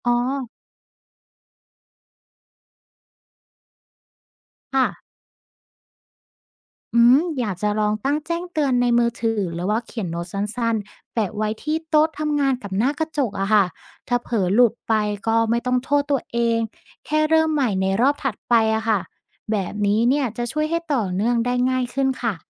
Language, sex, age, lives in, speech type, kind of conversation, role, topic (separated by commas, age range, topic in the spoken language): Thai, female, 30-34, Thailand, read, expert-assistant, asker, ถ้าคุณสามารถกดปุ่มหยุดความเครียดได้ทันทีในชีวิตประจำวัน คุณคิดว่าชีวิตคุณจะเปลี่ยนไปอย่างไรบ้าง และคุณจะเลือกใช้ปุ่มนั้นบ่อยแค่ไหน?